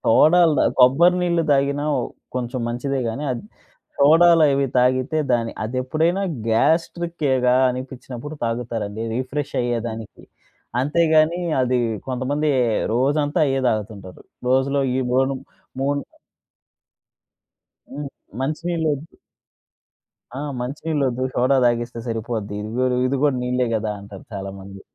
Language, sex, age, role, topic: Telugu, male, 25-29, host, ప్రతి రోజు ప్రారంభంలో మీరు పాటించే చిన్న దినచర్య ఏదైనా ఉందా?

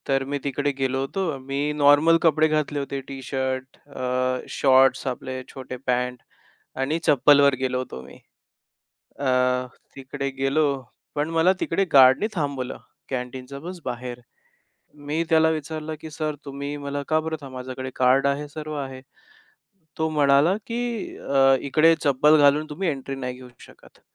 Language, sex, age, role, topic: Marathi, male, 25-29, guest, तुमची कपड्यांची शैली तुमची ओळख कशी व्यक्त करते?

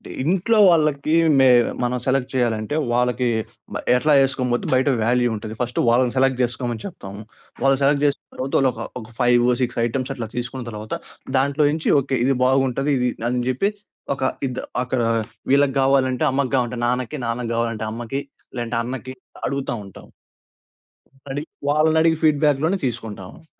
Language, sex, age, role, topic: Telugu, male, 20-24, guest, మీ దుస్తులు లేదా ఆభరణాలు మీ గురించి ఏదైనా కథ చెబుతున్నాయా?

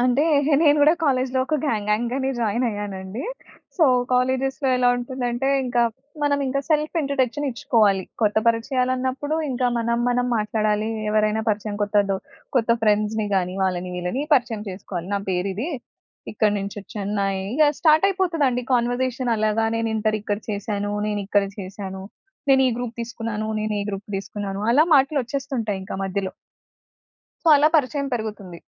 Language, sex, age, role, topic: Telugu, female, 25-29, guest, ఒక కొత్త వ్యక్తిని కలిసినప్పుడు మీరు సాధారణంగా పరిచయాన్ని ఎలా మొదలు పెడతారు?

